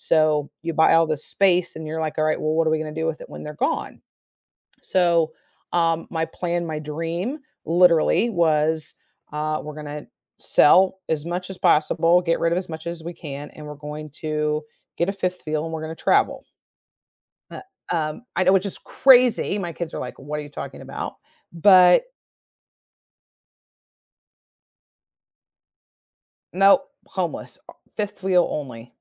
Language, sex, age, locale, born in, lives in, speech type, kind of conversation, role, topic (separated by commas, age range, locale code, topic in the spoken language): English, female, 50-54, en-US, United States, United States, conversation, podcast, guest, How do you handle setbacks when working toward your dreams?